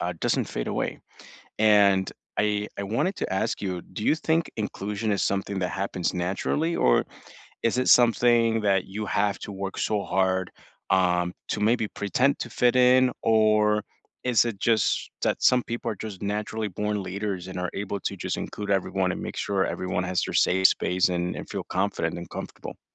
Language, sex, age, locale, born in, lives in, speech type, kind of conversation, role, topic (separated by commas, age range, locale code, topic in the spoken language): English, male, 25-29, en-US, United States, United States, conversation, unstructured, none, How can communities build a sense of belonging through small actions that help everyone feel seen and connected?